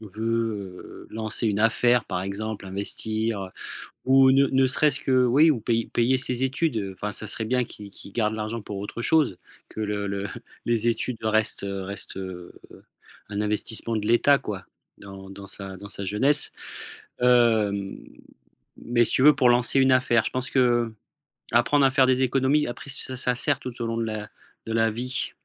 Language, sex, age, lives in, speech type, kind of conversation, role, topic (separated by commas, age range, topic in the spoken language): French, male, 40-44, France, conversation, podcast, guest, Comment l’école pourrait-elle mieux préparer les élèves à la vie réelle ?